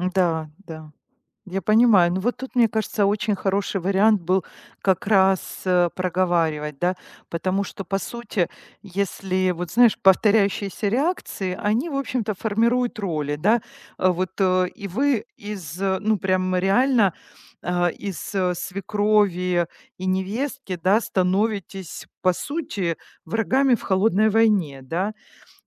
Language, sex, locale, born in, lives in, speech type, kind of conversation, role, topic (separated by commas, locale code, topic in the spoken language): Russian, female, ru-RU, Ukraine, Italy, conversation, advice, advisor, Как поступить, если семья диктует мне личные решения и выдвигает требования?